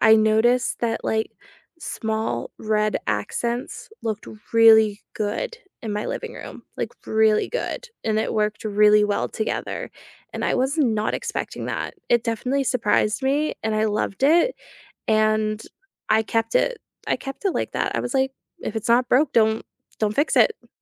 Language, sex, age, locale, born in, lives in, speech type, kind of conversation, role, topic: English, female, 25-29, en-US, United States, United States, conversation, unstructured, none, What paint color or finish has pleasantly surprised you?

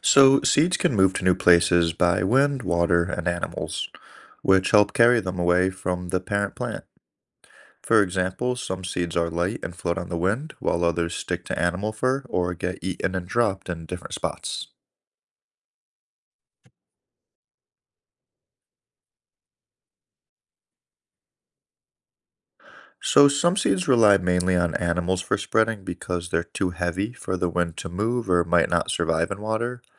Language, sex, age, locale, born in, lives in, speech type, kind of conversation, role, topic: English, male, 30-34, en-US, United States, United States, read, expert-assistant, expert, How do seeds travel to new places to grow?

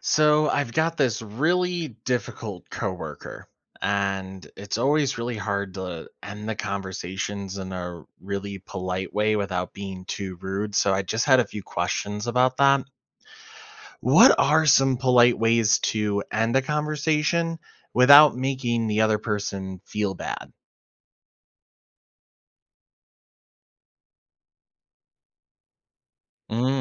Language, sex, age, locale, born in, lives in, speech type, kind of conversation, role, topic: English, male, 25-29, en-US, United States, United States, read, expert-assistant, asker, How can you end a conversation gracefully without being rude?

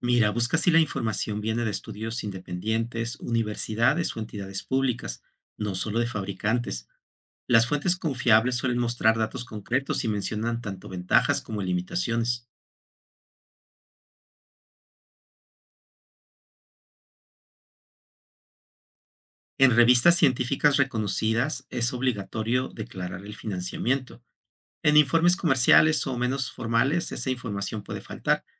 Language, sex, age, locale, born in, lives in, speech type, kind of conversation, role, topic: Spanish, male, 55-59, es-MX, Mexico, Mexico, read, expert-assistant, expert, ¿Qué tan confiables son las fuentes que hablan sobre los beneficios de los drones en la agricultura moderna?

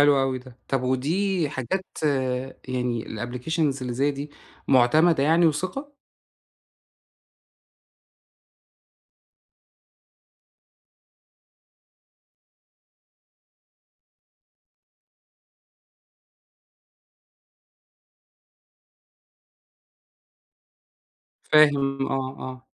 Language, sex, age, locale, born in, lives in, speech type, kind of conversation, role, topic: Arabic, male, 40-44, ar-EG, Egypt, Egypt, conversation, advice, user, إزاي أبني عادة ادخار شهرية منتظمة؟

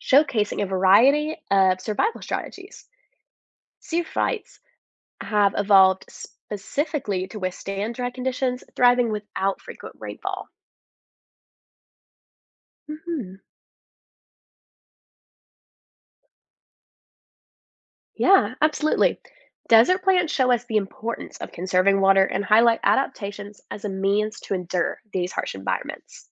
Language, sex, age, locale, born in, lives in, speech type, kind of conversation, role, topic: English, female, 20-24, en-US, United States, United States, read, expert-assistant, expert, How do plants grow in the desert?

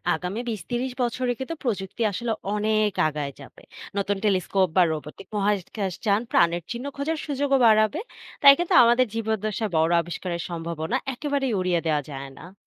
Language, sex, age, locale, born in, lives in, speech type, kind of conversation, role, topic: Bengali, female, 25-29, bn-BD, Bangladesh, Bangladesh, read, expert-assistant, expert, বৃহৎ টেলিস্কোপে দেখা মহাবিশ্বের ছবি আর মহাকাশযানের পাঠানো তথ্য—কোন উপায়ে মহাবিশ্বের রহস্য জানার সুযোগ বেশি?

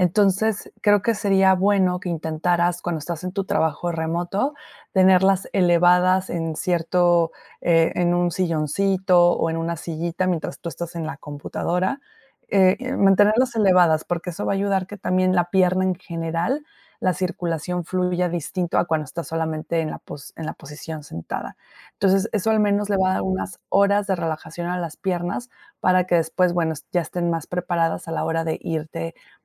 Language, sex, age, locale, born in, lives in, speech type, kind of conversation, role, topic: Spanish, female, 30-34, es-MX, Mexico, Mexico, conversation, advice, advisor, ¿Cómo puedo empezar a recuperarme si me siento agotado después de un largo periodo de trabajo?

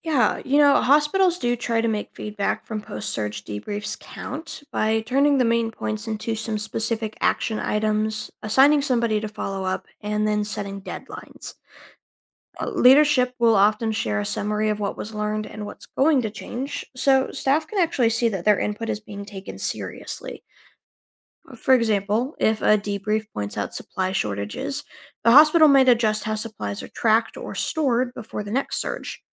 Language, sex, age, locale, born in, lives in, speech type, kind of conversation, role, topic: English, other, 20-24, en-US, United States, United States, read, expert-assistant, expert, How can a healthcare administrator organize resources to handle unexpected patient surges?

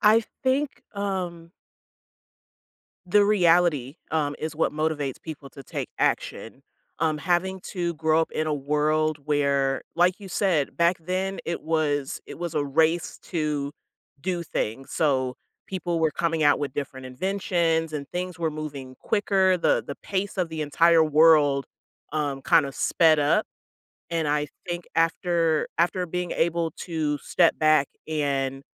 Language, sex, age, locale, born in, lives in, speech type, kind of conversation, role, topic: English, female, 30-34, en-US, United States, United States, conversation, podcast, guest, What inspires people to care about the environment and take action?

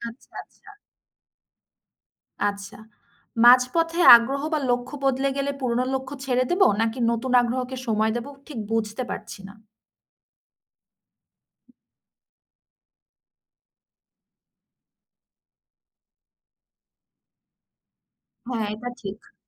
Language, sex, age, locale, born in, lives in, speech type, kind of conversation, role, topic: Bengali, female, 30-34, bn-BD, Bangladesh, Bangladesh, read, expert-assistant, asker, নিজের জীবনের লক্ষ্য ঠিক করার সময় আমরা কীভাবে আরও সৃষ্টিশীল চিন্তা করতে পারি?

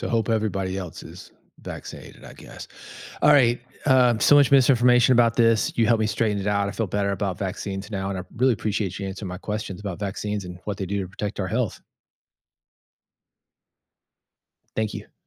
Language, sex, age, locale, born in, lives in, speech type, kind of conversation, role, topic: English, male, 50-54, en-US, United States, United States, read, expert-assistant, asker, How do vaccines work to protect our health?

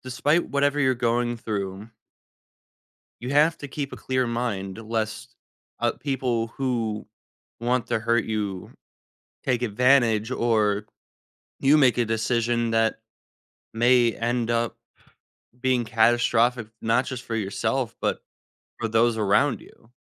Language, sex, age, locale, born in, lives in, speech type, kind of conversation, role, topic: English, male, 20-24, en-US, United States, United States, conversation, podcast, guest, How does art help us understand different perspectives and experiences?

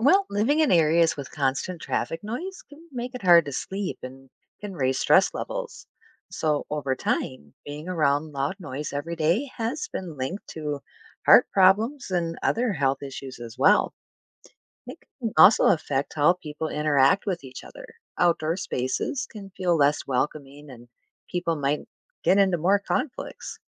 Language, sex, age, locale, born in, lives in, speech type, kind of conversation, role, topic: English, female, 45-49, en-US, United States, United States, read, expert-assistant, expert, How does traffic noise impact urban communities?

